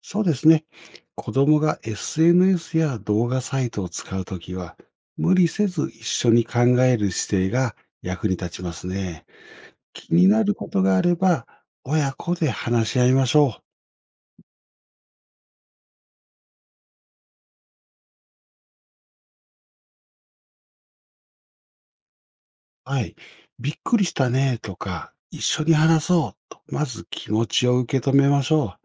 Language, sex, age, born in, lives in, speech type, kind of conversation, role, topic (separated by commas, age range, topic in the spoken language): Japanese, male, 55-59, Japan, Japan, read, expert-assistant, expert, メンタルヘルスについて信頼できる情報は、どこで探せばいいですか？